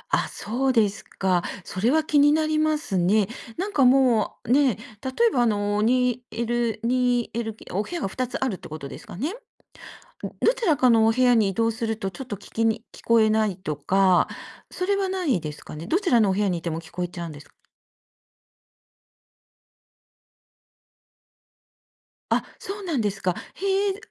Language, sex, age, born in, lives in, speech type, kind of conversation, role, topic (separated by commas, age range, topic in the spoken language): Japanese, female, 60-64, Japan, Japan, conversation, advice, advisor, 家でリラックスできないとき、どうすれば改善できますか？